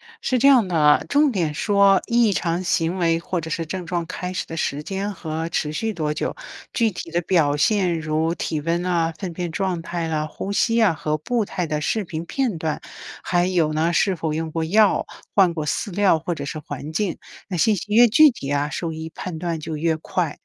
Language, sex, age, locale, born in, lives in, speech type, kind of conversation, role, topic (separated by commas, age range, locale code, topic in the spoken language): Chinese, female, 55-59, zh-CN, China, United States, read, expert-assistant, expert, 想学养牛或者养羊，网上的经验那么多，怎么判断哪些方法靠谱？